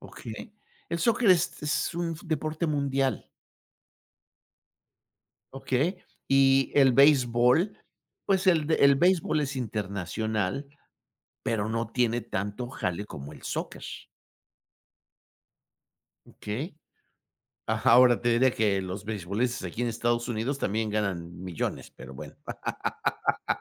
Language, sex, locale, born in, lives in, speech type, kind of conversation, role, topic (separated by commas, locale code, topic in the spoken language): Spanish, male, es-MX, Mexico, United States, conversation, unstructured, none, ¿Es justo que en algunos deportes se pague mucho y en otros no se pague nada?